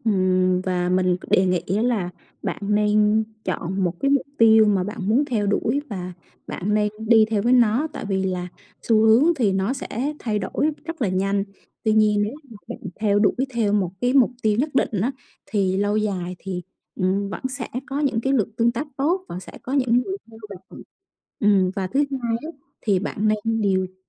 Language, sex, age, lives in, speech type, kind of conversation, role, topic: Vietnamese, female, 35-39, Vietnam, conversation, advice, advisor, Làm sao để bớt nản lòng sau vài lần thất bại khi luyện tập sáng tạo?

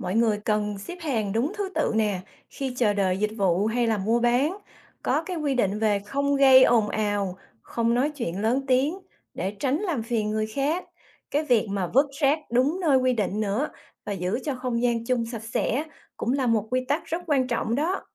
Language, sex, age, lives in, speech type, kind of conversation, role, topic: Vietnamese, female, 45-49, United States, read, expert-assistant, expert, Ý nghĩa của việc giữ gìn trật tự nơi công cộng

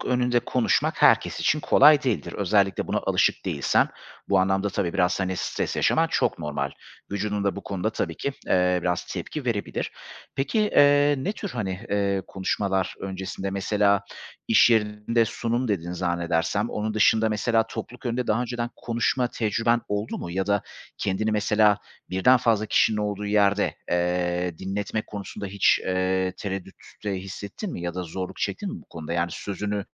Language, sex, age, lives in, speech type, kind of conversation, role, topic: Turkish, male, 35-39, Greece, conversation, advice, advisor, Önemli bir sunum veya toplantı öncesinde yoğun performans kaygısı yaşıyor musunuz?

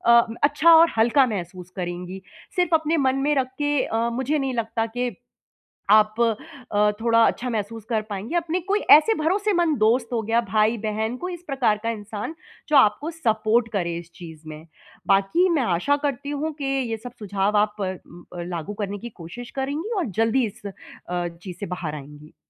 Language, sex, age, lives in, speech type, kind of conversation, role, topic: Hindi, female, 30-34, India, conversation, advice, advisor, खोई हुई उम्मीदों के शोक को मैं कैसे सँभालूँ और आगे कैसे बढ़ूँ?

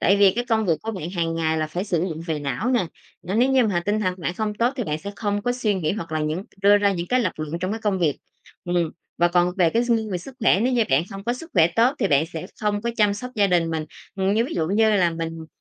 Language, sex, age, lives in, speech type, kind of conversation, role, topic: Vietnamese, female, 30-34, Vietnam, conversation, podcast, guest, Bạn cân bằng công việc và chăm sóc gia đình thế nào?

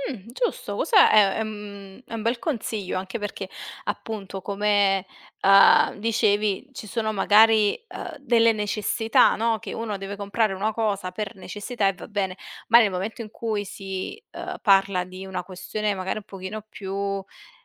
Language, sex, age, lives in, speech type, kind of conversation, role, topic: Italian, female, 25-29, Italy, conversation, podcast, host, Come scegli tra la tua passione e la sicurezza economica?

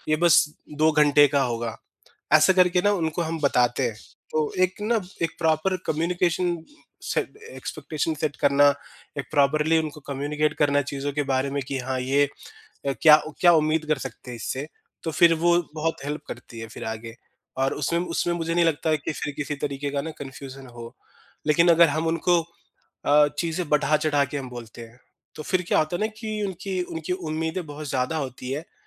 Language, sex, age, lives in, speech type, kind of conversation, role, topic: Hindi, male, 30-34, India, conversation, podcast, guest, Neighbourhood mein logon ko jodne ke liye tum sabse pehla kaam kya karoge?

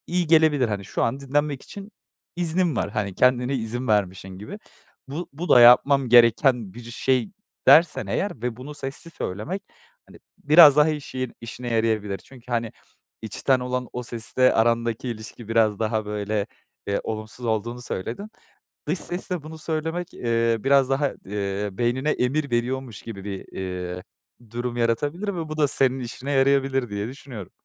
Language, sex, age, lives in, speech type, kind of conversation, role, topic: Turkish, male, 25-29, Netherlands, conversation, advice, advisor, Boş vaktiniz olduğunda neden suçluluk hissedip dinlenmenize izin veremiyorsunuz?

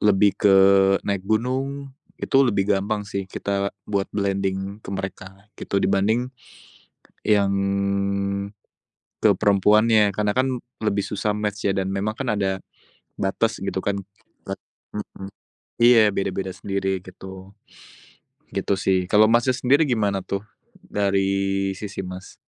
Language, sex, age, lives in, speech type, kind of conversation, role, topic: Indonesian, male, 25-29, Indonesia, conversation, unstructured, none, Bagaimana Anda memutuskan apakah akan menghabiskan waktu dengan teman atau keluarga?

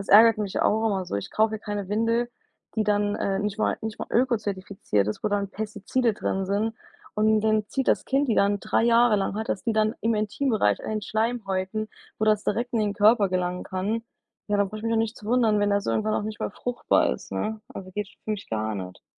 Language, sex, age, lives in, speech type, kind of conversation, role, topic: German, female, 25-29, United States, conversation, unstructured, none, Findest du, dass Unternehmen mehr Verantwortung für die Natur übernehmen sollten?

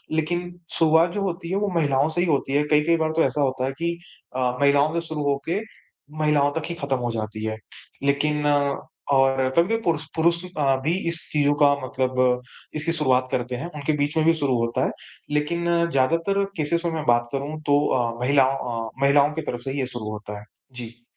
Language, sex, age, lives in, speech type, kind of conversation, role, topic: Hindi, male, 25-29, India, conversation, advice, user, पीढ़ियों से चले आ रहे पारिवारिक झगड़ों और संघर्ष के पैटर्न को आप कैसे बदल सकते हैं?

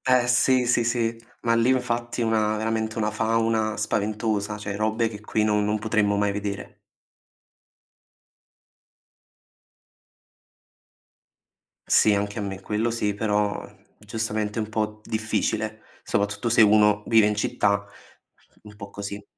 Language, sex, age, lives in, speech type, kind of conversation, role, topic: Italian, male, 20-24, Italy, conversation, unstructured, none, Qual è il sogno che ti motiva di più ogni giorno?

